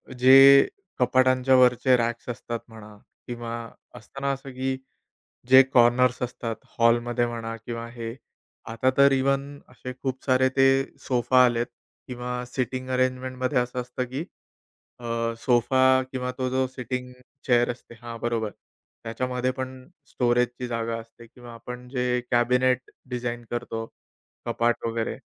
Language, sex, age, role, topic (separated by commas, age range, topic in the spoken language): Marathi, male, 30-34, guest, लहान अपार्टमेंटमध्ये जागा कशी वाढवता येईल?